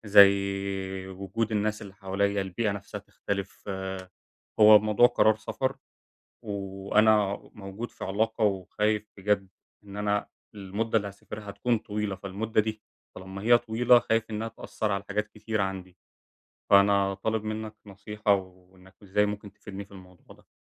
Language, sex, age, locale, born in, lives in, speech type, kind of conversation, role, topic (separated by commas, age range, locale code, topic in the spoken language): Arabic, male, 20-24, ar-EG, Egypt, Germany, conversation, advice, user, أتعامل إزاي مع خوفي من إني أخد قرار يغيّر حياتي ومخاوفي من المستقبل؟